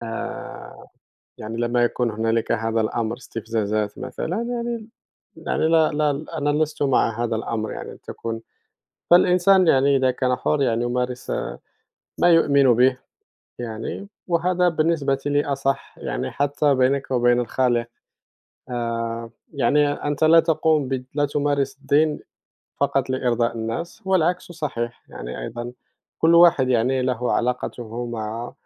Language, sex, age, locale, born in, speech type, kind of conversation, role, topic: Arabic, male, 30-34, ar-MA, Morocco, conversation, unstructured, none, هل إنت شايف إن الدين لازم يكون جزء من السياسة؟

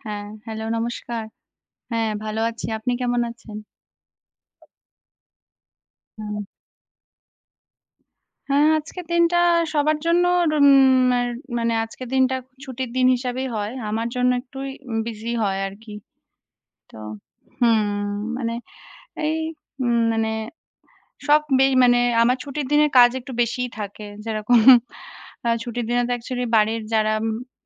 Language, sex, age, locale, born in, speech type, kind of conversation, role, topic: Bengali, female, 30-34, bn-IN, India, conversation, unstructured, none, আপনার জীবনে কোন অভিজ্ঞতা আপনাকে সবচেয়ে বেশি বদলে দিয়েছে?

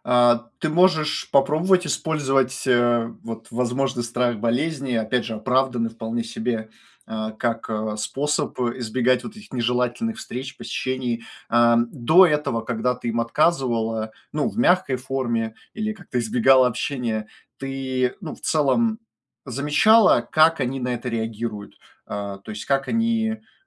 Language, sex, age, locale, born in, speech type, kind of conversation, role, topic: Russian, male, 25-29, ru-RU, Russia, conversation, advice, advisor, Как вежливо отказываться от приглашений, чтобы никого не обидеть и сохранить хорошие отношения?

